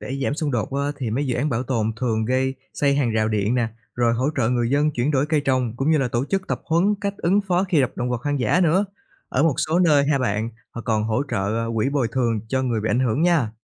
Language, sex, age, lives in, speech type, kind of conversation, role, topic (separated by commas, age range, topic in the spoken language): Vietnamese, male, 25-29, Vietnam, read, expert-assistant, expert, Các dự án bảo tồn động vật hoang dã ở Việt Nam hiện nay gặp những khó khăn và thành công gì?